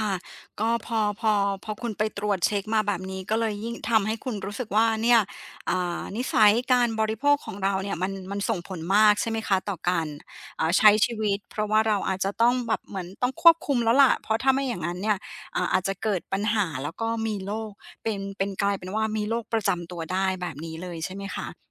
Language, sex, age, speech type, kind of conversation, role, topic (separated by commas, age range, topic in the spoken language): Thai, female, 40-44, conversation, advice, advisor, จะเริ่มสร้างนิสัยบริโภคอย่างมีสติและไม่ฟุ่มเฟือยได้อย่างไร?